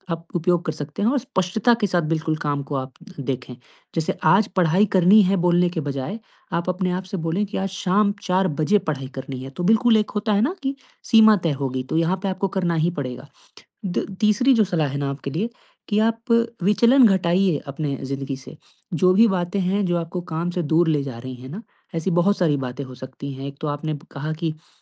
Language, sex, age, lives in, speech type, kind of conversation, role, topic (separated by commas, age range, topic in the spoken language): Hindi, male, 20-24, India, conversation, advice, advisor, मैं काम टालना कैसे बंद कर सकता/सकती हूँ?